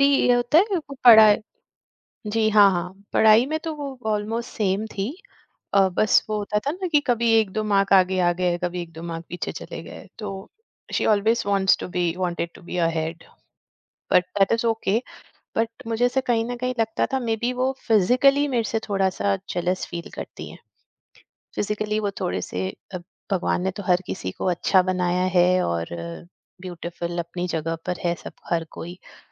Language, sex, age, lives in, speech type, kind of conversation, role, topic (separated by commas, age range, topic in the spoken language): Hindi, female, 45-49, India, conversation, podcast, guest, Jab tumhe lagta hai ki kisi dost ka vyavhaar zahreela ho gaya hai, toh tum kya karte ho?